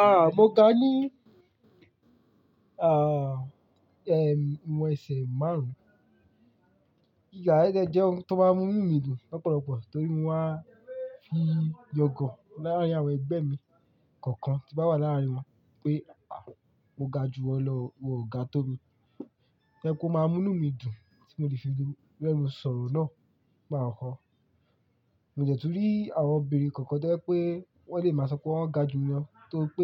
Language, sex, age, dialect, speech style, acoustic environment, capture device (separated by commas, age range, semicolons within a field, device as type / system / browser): Yoruba, male, 18-24, Nigeria - Lagos Yoruba, excited, silence, mobile / Linux / Chrome